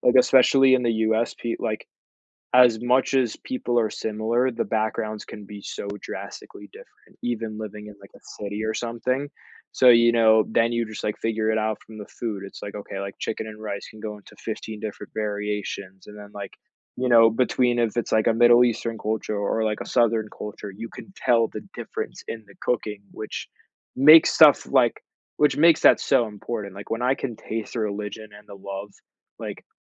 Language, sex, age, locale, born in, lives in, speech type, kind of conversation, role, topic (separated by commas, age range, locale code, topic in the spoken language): English, male, 18-19, en-US, United States, United States, conversation, podcast, guest, How do cultural celebrations shape your sense of identity and community?